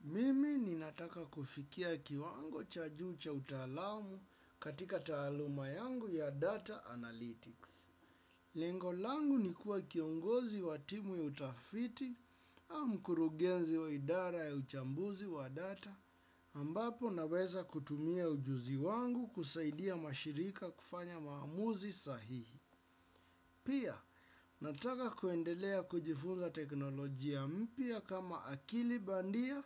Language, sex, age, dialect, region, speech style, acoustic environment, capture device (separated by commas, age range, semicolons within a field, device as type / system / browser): Swahili, male, 25-34, Kenya - Nairobi Sheng-influenced, Kenya, relaxed, silence, desktop / Windows / Chrome